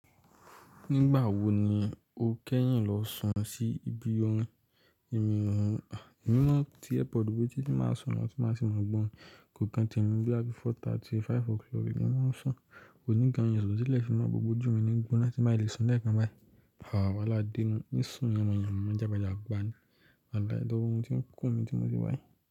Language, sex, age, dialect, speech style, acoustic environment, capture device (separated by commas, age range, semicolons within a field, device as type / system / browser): Yoruba, male, 18-24, Nigeria - Lagos Yoruba, focused, silence, mobile / Linux / Chrome